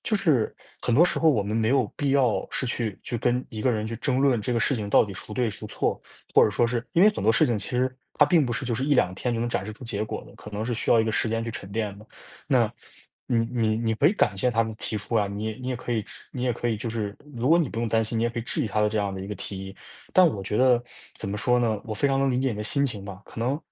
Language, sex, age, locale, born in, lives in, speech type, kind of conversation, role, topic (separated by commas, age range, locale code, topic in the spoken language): Chinese, male, 20-24, zh-CN, China, United States, conversation, advice, advisor, 你总是收到很多人的建议时，怎么才能礼貌地回应并设定界限？